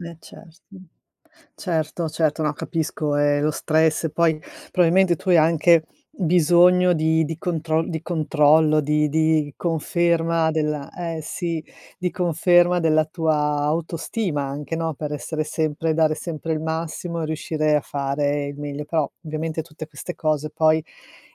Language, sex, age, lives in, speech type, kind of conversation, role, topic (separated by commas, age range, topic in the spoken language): Italian, female, 55-59, Italy, conversation, advice, advisor, Perché mi sento sempre stanco anche se dormo molte ore?